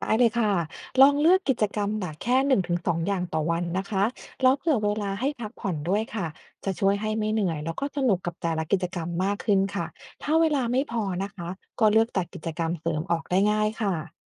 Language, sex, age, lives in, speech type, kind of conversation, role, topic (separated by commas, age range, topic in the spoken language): Thai, female, 40-44, United States, read, expert-assistant, expert, เวลาแพลนเที่ยว คุณมีวิธีจัดลำดับสถานที่หรือกิจกรรมยังไงให้ง่ายและสนุกที่สุด